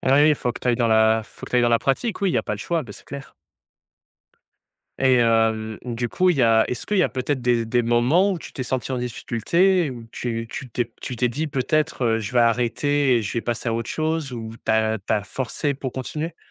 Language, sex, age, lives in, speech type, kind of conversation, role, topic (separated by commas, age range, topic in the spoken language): French, male, 20-24, France, conversation, podcast, host, Comment fais-tu pour tester une nouvelle voie sans tout quitter d’un coup ?